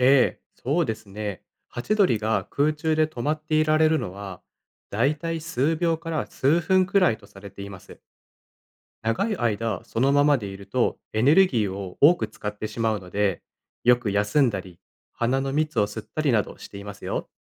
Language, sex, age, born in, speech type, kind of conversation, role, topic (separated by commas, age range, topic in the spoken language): Japanese, male, 25-29, Japan, read, expert-assistant, expert, どうしてハチドリは空中で止まっていられるのでしょうか？